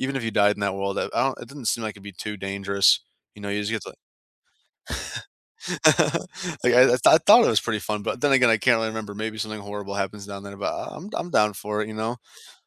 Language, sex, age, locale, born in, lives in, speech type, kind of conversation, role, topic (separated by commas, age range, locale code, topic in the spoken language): English, male, 20-24, en-US, United States, United States, conversation, unstructured, none, Which fictional world would you choose to live in for a week, and why?